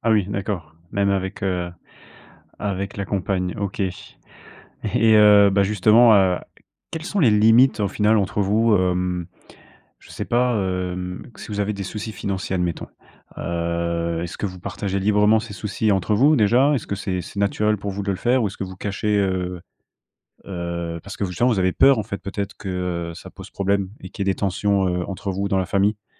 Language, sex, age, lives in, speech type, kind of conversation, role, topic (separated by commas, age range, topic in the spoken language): French, male, 25-29, France, conversation, podcast, host, Comment parler d’argent en famille sans que la discussion dégénère ?